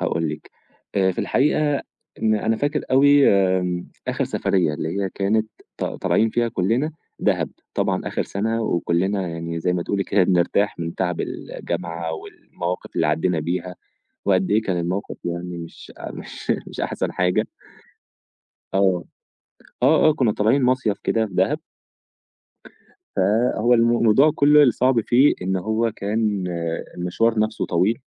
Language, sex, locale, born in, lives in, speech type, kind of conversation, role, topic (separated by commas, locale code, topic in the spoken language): Arabic, male, ar-EG, Egypt, Egypt, conversation, podcast, guest, إيه أكتر موقف ضحك حصل لك في السفر ومش ممكن تنساه؟